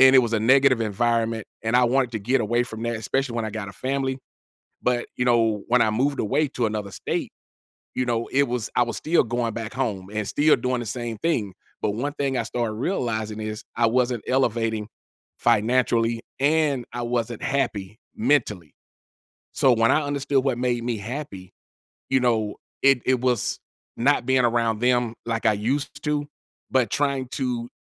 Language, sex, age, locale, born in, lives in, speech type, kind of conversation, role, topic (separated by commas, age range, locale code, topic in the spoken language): English, male, 45-49, en-US, United States, United States, conversation, advice, user, How can I calmly express myself and be heard when my family misunderstands me?